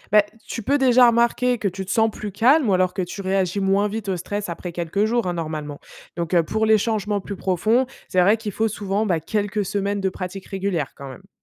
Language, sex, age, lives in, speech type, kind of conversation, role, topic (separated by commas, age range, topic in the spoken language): French, female, 25-29, France, read, expert-assistant, expert, On dit souvent que prier ou méditer aide à mieux vivre. Est-ce vraiment prouvé ou c'est juste une idée reçue ?